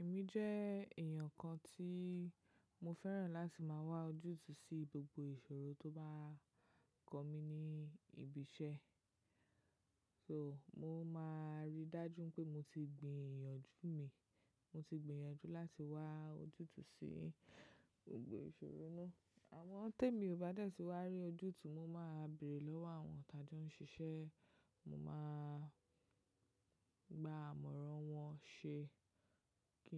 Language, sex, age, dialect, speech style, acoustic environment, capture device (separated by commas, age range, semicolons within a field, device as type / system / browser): Yoruba, female, 25-34, Nigeria - Lagos Yoruba, relaxed, silence, mobile / macOS / Safari